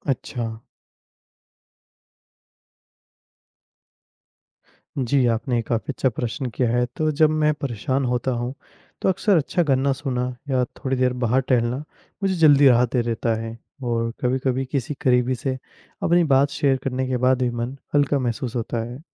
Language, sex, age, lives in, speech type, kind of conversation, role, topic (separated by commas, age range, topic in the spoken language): Hindi, male, 20-24, India, read, expert-assistant, asker, सकारात्मक सोच से तनाव कैसे कम किया जा सकता है?